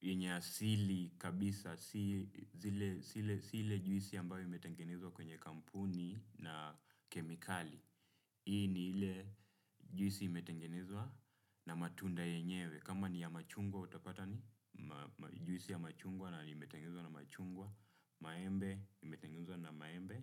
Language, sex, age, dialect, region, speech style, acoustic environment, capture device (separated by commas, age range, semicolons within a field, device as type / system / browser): Swahili, male, 25-34, Kenya - Nairobi Sheng-influenced, Kenya, relaxed, nature; silence, desktop / Windows / Chrome